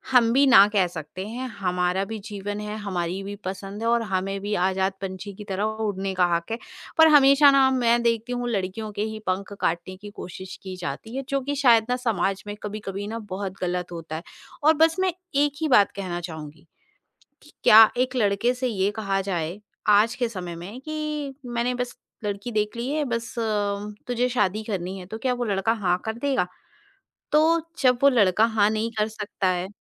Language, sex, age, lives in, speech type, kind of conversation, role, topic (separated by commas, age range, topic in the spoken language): Hindi, female, 40-44, India, conversation, podcast, guest, Kisi ko na kehne mein tumhe kya dikkat aati hai?